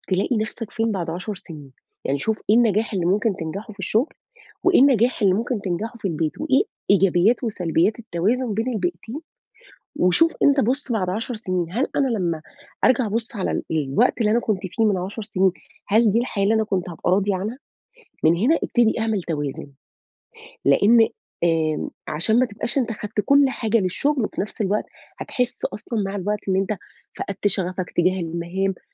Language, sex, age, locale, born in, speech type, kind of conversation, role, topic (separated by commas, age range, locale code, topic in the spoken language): Arabic, female, 35-39, ar-EG, Saudi Arabia, conversation, advice, advisor, إزاي أبطل تسويف وأكمّل المشاريع اللي ببدأها بدل ما أفضّل أبدأ حاجات جديدة كل شوية؟